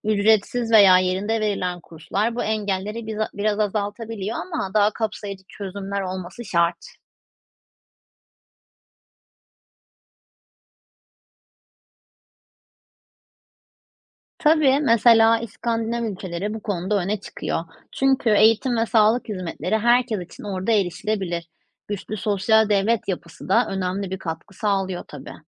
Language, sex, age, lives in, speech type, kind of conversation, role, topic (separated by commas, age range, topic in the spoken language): Turkish, female, 30-34, Netherlands, read, expert-assistant, expert, Bir ülkenin ekonomisi gelişiyorsa, bu herkesin yaşamında gerçekten fark yaratır mı? Bu konuda söylenenler ve gerçekler ne kadar örtüşüyor?